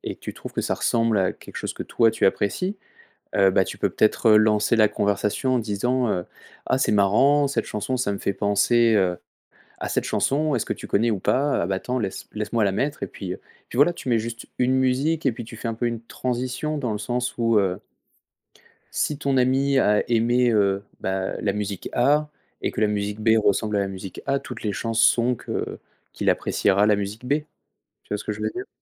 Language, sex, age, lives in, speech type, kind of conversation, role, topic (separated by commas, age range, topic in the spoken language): French, male, 30-34, France, conversation, advice, advisor, Comment puis-je parler de mes passions sans craindre le jugement des autres ?